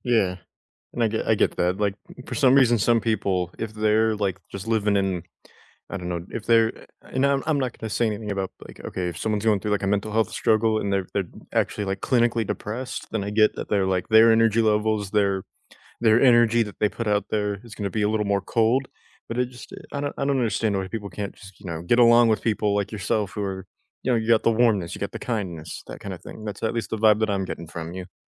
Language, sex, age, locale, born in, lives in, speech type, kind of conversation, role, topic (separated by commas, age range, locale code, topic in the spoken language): English, male, 20-24, en-US, United States, United States, conversation, unstructured, none, Which weekend rituals help you truly recharge, and how can we support each other’s well-being?